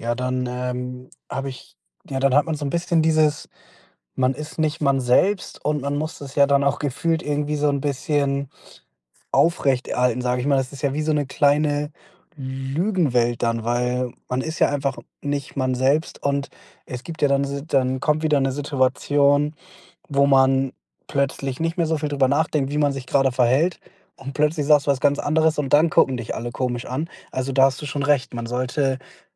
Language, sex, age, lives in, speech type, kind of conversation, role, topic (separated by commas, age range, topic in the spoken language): German, male, 25-29, Germany, conversation, advice, user, Wie finde ich den Mut, authentisch zu handeln?